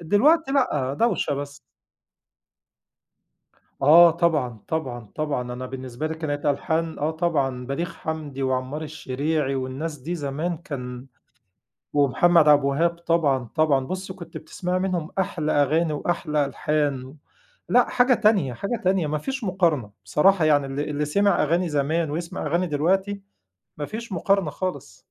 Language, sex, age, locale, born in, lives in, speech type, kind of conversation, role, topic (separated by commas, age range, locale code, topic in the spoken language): Arabic, male, 40-44, ar-EG, Egypt, Egypt, conversation, podcast, guest, إيه الأغنية اللي ترشّحها لأي حد يسمعها؟